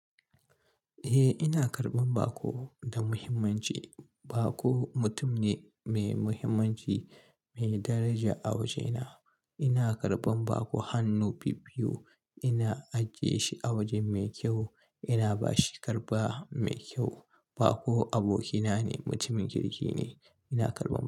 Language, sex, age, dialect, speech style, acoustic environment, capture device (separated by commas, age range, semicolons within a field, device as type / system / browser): Hausa, male, 25-34, Nigeria - Kano, relaxed, silence, mobile / Linux / Chrome